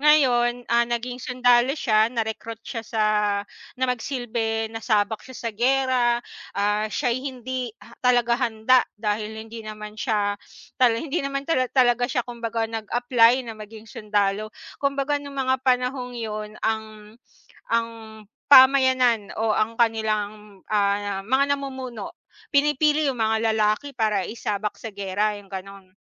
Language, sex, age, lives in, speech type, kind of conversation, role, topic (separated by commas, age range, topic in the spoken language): Filipino, female, 35-39, Philippines, conversation, unstructured, none, Anong mga katangian ang hinahanap mo sa isang magandang pelikula?